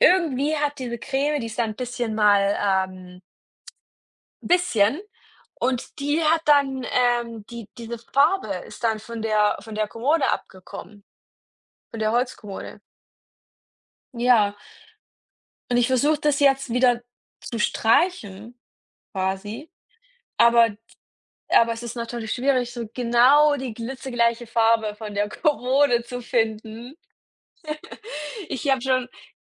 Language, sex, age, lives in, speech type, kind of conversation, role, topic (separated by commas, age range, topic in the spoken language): German, female, 30-34, Germany, conversation, unstructured, none, Wie wichtig ist dir Sparen im Alltag?